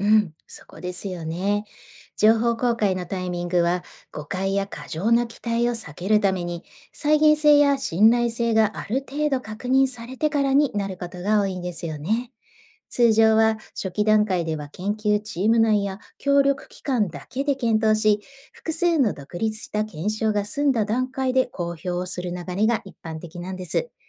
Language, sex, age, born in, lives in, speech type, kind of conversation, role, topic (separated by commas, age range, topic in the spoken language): Japanese, female, 45-49, Japan, Japan, read, expert-assistant, expert, 地球外生命体の発見を加速する新しい方法は何でしょうか？